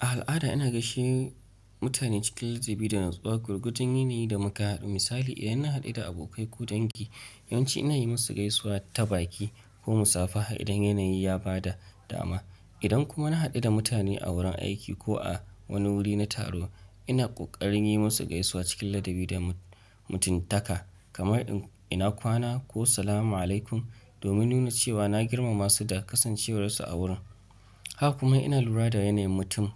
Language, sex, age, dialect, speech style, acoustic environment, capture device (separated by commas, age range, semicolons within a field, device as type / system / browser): Hausa, male, 25-34, Nigeria - Nigerian Standard, relaxed; focused, nature; appliances, mobile / Linux / Chrome